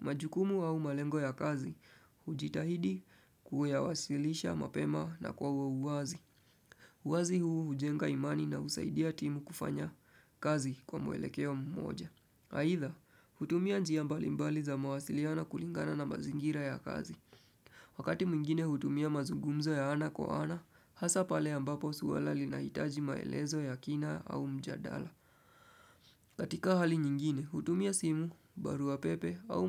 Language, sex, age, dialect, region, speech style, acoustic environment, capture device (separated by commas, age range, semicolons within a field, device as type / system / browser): Swahili, male, 25-34, Kenya - Nairobi Sheng-influenced, Kenya, relaxed, nature; music; appliances, desktop / Windows / Chrome